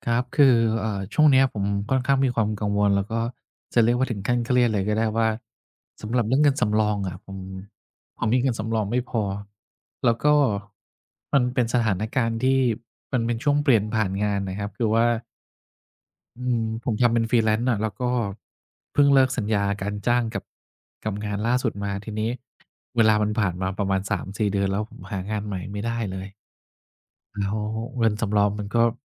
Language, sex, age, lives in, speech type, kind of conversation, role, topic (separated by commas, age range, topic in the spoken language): Thai, male, 50-54, Thailand, conversation, advice, user, ฉันไม่มีเงินสำรองฉุกเฉินและกังวลว่าจะเกิดเหตุไม่คาดคิด ควรเริ่มวางแผนการเงินอย่างไรดี?